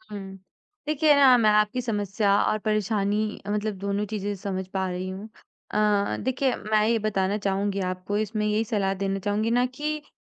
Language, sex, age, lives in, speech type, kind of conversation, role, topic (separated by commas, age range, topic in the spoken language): Hindi, female, 20-24, India, conversation, advice, advisor, मैं जहाँ भी रहूँ, वहाँ घर जैसा रूटीन और अपनी रोज़मर्रा की आदतें कैसे बना सकता हूँ?